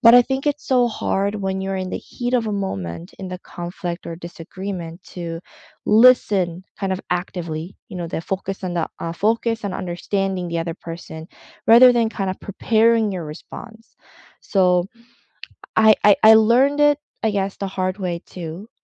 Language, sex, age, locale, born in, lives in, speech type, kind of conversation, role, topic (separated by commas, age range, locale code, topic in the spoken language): English, female, 30-34, en-CA, South Korea, Canada, conversation, unstructured, none, How can you use conflict to make relationships stronger?